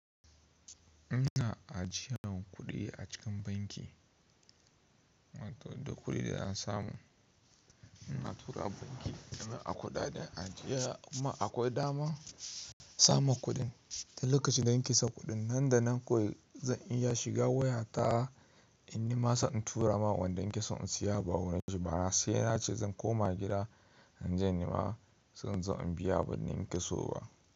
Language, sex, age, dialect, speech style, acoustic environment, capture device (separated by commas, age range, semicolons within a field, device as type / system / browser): Hausa, male, 25-34, Nigeria - Kano, relaxed, nature, mobile / Linux / Chrome